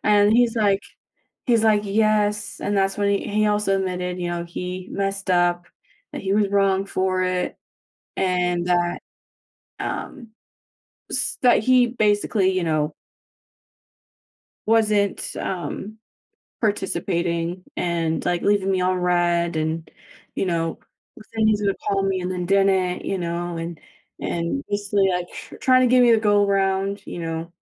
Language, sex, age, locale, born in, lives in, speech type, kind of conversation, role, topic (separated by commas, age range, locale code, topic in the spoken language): English, female, 25-29, en-US, United States, United States, conversation, advice, user, How can I deepen my emotional connection with my partner?